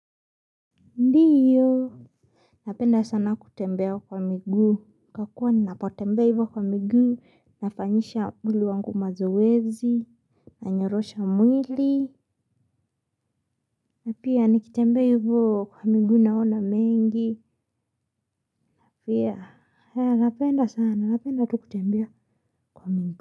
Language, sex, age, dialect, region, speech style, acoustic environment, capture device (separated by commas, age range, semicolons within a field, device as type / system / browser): Swahili, female, 18-24, Kenya - Mombasa, Kenya, happy, silence, mobile / Linux / Chrome